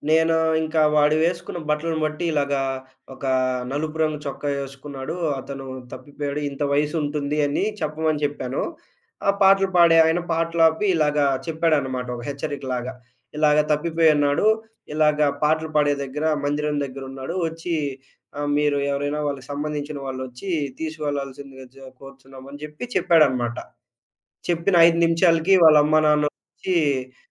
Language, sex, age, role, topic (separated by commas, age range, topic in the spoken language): Telugu, male, 20-24, guest, మీరు కొత్త నగరంలో దారి తప్పిపోయిన అనుభవం గురించి చెప్పగలరా?